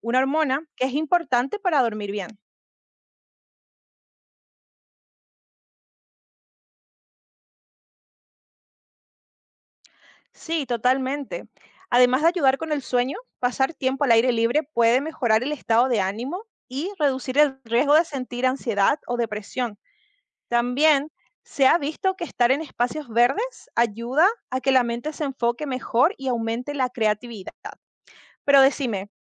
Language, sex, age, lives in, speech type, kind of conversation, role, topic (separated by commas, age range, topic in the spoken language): Spanish, female, 25-29, United States, read, expert-assistant, expert, ¿Qué beneficios tiene pasar tiempo al aire libre?